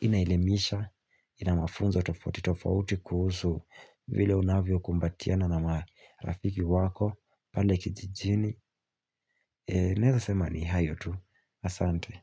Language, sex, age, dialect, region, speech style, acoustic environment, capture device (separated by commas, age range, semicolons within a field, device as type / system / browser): Swahili, male, 18-24, Kenya - Mombasa, Kenya, focused, silence, mobile / Linux / Chrome